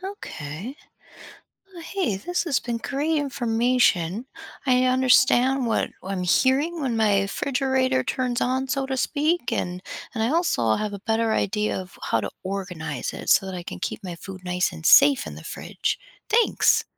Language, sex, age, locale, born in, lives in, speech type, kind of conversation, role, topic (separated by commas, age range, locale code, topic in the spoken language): English, female, 40-44, en-US, United States, United States, read, expert-assistant, asker, How does a refrigerator work to keep food cold and safe?